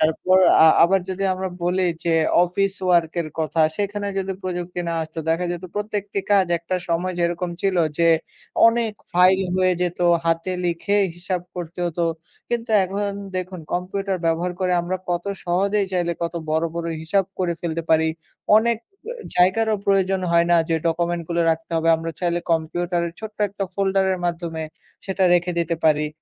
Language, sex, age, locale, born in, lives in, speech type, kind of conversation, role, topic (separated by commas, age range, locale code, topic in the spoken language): Bengali, male, 20-24, bn-BD, Bangladesh, Bangladesh, conversation, unstructured, none, প্রযুক্তি কীভাবে আমাদের দৈনন্দিন জীবনকে সহজ করেছে?